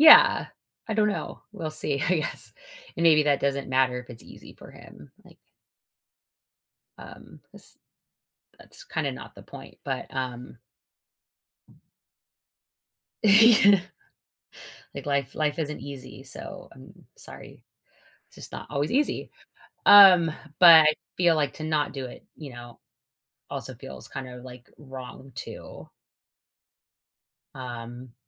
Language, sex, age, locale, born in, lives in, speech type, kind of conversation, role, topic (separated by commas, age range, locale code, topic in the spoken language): English, female, 40-44, en-US, United States, United States, conversation, advice, user, How can I improve communication in my long-term relationship?